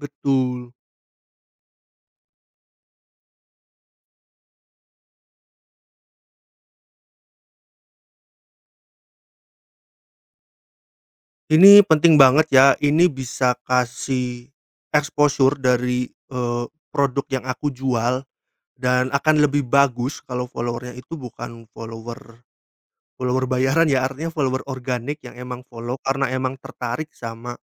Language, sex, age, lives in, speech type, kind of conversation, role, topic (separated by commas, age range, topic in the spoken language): Indonesian, male, 30-34, Indonesia, conversation, podcast, guest, Seberapa penting jumlah pengikut buat kamu, dan kenapa begitu?